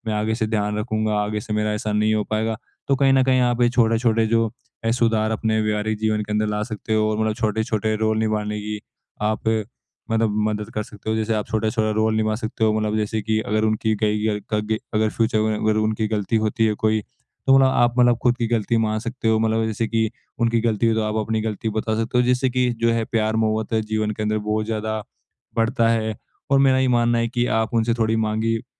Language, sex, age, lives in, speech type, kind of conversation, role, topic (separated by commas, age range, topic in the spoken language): Hindi, male, 18-19, India, conversation, advice, advisor, गलती के बाद मैं फिर से अपना आत्मविश्वास कैसे बना सकता/सकती हूँ?